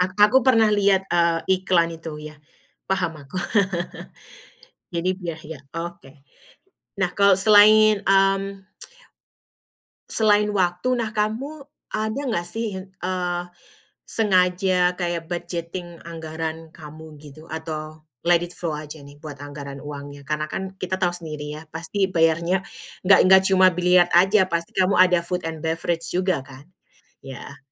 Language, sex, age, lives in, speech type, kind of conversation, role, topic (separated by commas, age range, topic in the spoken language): Indonesian, female, 35-39, United States, conversation, podcast, host, Bagaimana Anda mengatur waktu untuk menekuni hobi saat minggu sedang sibuk?